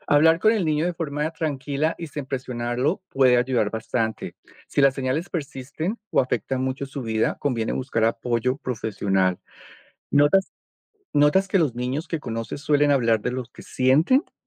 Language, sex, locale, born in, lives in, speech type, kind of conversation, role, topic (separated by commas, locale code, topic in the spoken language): Spanish, male, es-CO, Colombia, United States, read, expert-assistant, expert, ¿Qué significa 'salud mental' y por qué es importante en la vida diaria?